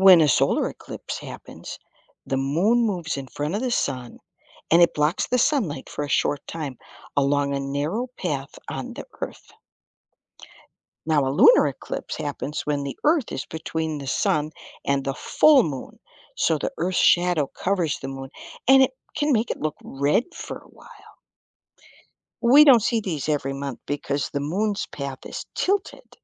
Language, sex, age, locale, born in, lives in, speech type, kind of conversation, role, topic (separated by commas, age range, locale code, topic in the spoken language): English, female, 60-64, en-US, United States, United States, read, expert-assistant, expert, Why does the Moon have phases?